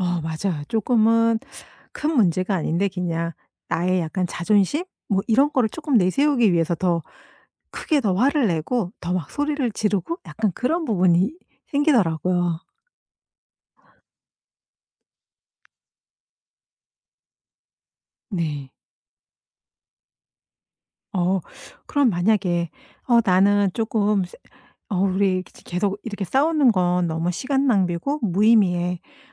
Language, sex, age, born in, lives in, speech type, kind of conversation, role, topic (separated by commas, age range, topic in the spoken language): Korean, female, 50-54, South Korea, United States, conversation, podcast, host, 충돌 앞에서 침묵을 선택하는 이유가 뭐예요?